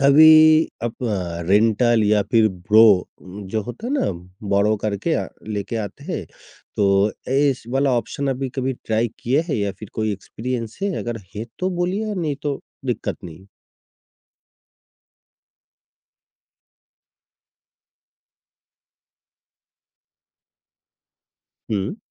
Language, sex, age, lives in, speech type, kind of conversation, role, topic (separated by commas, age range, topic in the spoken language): Hindi, male, 55-59, India, conversation, podcast, host, Festival ya shaadi mein apna unique look kaise plan karte ho?